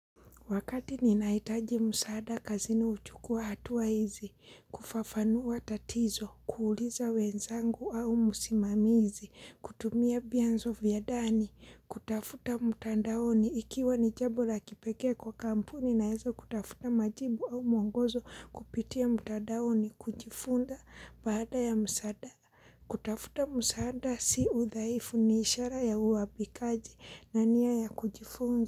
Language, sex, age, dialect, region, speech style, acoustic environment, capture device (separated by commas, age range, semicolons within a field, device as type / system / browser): Swahili, female, 25-34, Kenya - Mombasa, Kenya, happy; relaxed; focused, people talking; silence; appliances, mobile / Linux / Chrome